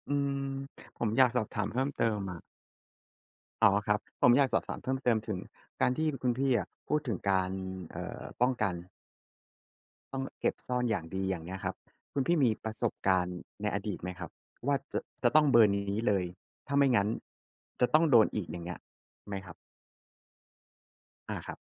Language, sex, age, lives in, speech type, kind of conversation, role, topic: Thai, male, 30-34, Thailand, conversation, unstructured, none, คุณคิดว่าเทคโนโลยีทำให้คนเราเห็นแก่ตัวมากขึ้นหรือเปล่า?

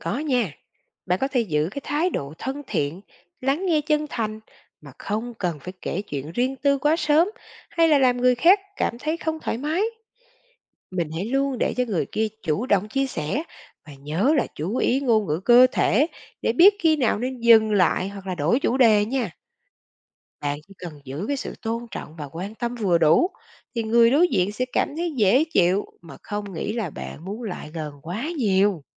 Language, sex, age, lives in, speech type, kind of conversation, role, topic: Vietnamese, female, 40-44, Vietnam, read, expert-assistant, expert, Làm thế nào để ứng xử lịch sự trong giao tiếp hàng ngày?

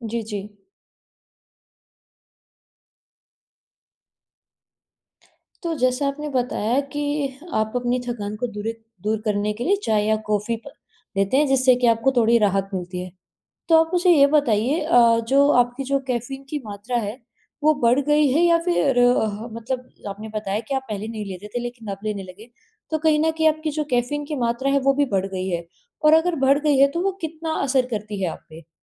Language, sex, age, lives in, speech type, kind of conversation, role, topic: Hindi, female, 20-24, India, conversation, advice, advisor, आराम करने पर भी ठीक न होने वाली थकान की पहचान कैसे करें?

